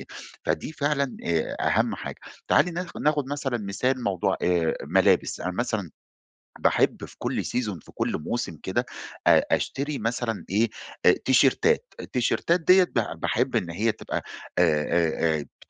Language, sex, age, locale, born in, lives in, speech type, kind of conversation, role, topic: Arabic, male, 40-44, ar-EG, Egypt, Egypt, conversation, advice, user, إزاي أتعامل بفعالية مع مشاكل التسوّق أونلاين؟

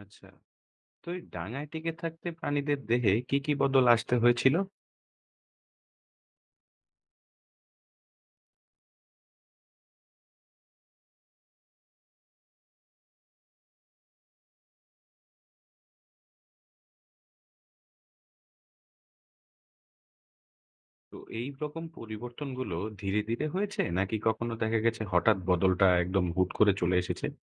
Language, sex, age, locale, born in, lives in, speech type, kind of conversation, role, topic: Bengali, male, 25-29, bn-BD, Bangladesh, Bangladesh, read, expert-assistant, asker, কেন কিছু প্রাণী ডাঙায় উঠে এলো, আর কিছু জলে থেকে গেল?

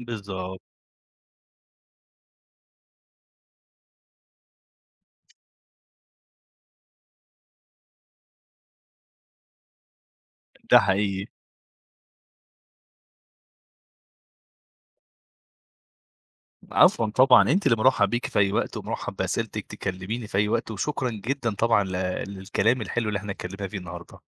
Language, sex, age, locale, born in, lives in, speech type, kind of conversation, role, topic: Arabic, male, 25-29, ar-EG, Egypt, Egypt, conversation, podcast, guest, ازاي بتوازن بين الفلوس ورضاك الشخصي في شغلك؟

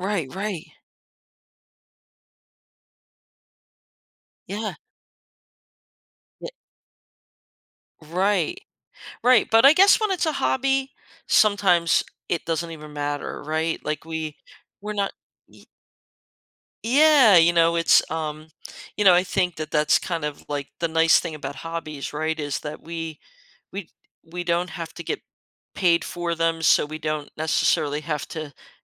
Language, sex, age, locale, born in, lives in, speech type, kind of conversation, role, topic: English, female, 55-59, en-US, United States, United States, conversation, podcast, host, How can pursuing a hobby lead to unexpected personal growth or new perspectives?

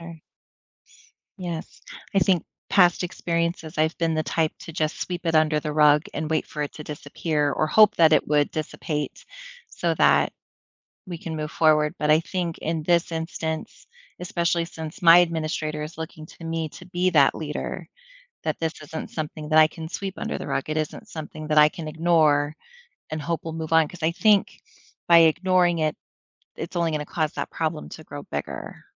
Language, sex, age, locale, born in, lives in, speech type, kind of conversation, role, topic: English, female, 40-44, en-US, United States, United States, conversation, advice, user, What should I focus on first in my new responsibilities?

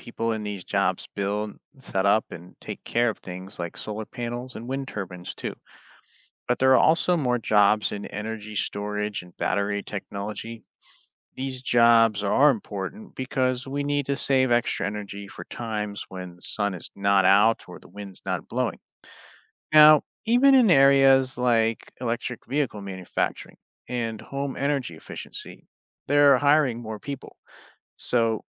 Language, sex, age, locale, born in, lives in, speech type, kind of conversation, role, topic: English, male, 35-39, en-US, United States, United States, read, expert-assistant, expert, What are renewable and nonrenewable resources?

